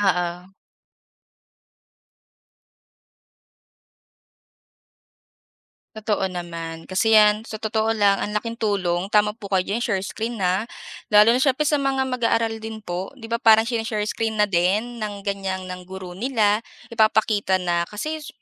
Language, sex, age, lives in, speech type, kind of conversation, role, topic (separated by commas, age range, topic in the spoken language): Filipino, female, 25-29, Philippines, conversation, podcast, host, Paano naaapektuhan ng bidyotawag ang tunay na ugnayan?